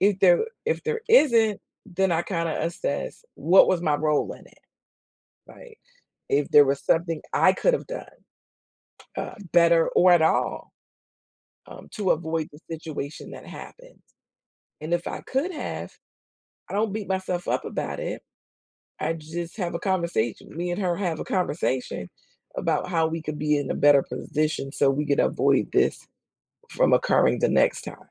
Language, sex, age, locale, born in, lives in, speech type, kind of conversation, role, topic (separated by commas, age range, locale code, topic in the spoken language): English, female, 55-59, en-US, United States, United States, conversation, unstructured, none, How do you handle setbacks when things go wrong?